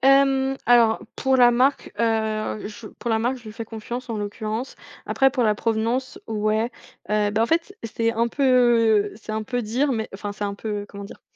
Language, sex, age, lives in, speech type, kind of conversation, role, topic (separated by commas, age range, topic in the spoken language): French, female, 20-24, France, conversation, podcast, guest, Pouvez-vous me parler d’un rituel autour du café ou du thé chez vous ?